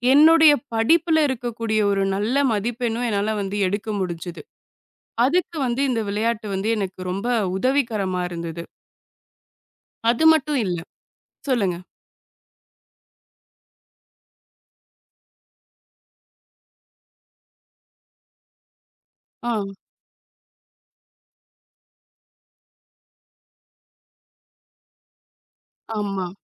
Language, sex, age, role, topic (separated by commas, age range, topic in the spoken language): Tamil, female, 25-29, guest, விளையாட்டு மற்றும் கலைகள் கற்றலில் சந்தோஷத்தை எப்படிக் கூட்டுகின்றன?